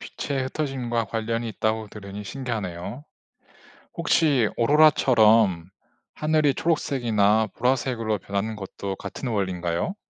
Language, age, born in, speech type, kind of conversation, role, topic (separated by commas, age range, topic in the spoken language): Korean, 30-34, South Korea, read, expert-assistant, asker, 왜 하늘은 낮에는 파랗고 저녁에는 붉게 보이나요?